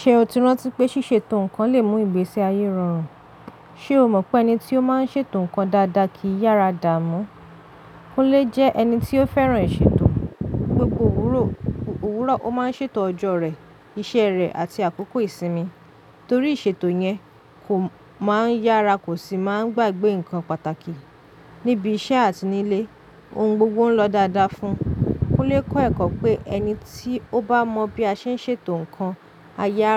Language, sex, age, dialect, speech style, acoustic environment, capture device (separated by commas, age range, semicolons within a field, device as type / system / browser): Yoruba, female, 25-34, Nigeria - Lagos Yoruba, relaxed, silence, mobile / Linux / Chrome